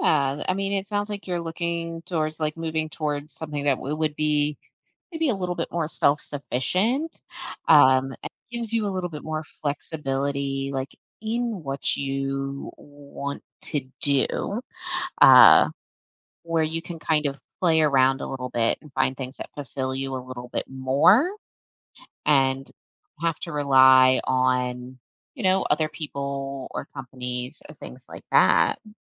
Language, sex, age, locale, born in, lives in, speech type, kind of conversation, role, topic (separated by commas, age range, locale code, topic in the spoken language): English, female, 35-39, en-US, United States, United States, conversation, advice, advisor, How can I calm first-day nerves?